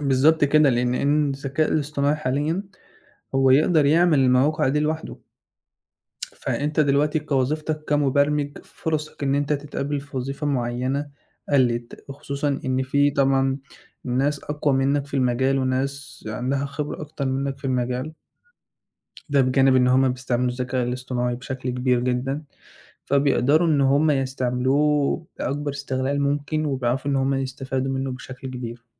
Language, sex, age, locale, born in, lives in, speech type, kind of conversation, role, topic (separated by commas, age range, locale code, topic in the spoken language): Arabic, male, 20-24, ar-EG, Egypt, Egypt, conversation, podcast, guest, إزاي بتختار الشغل المناسب ليك؟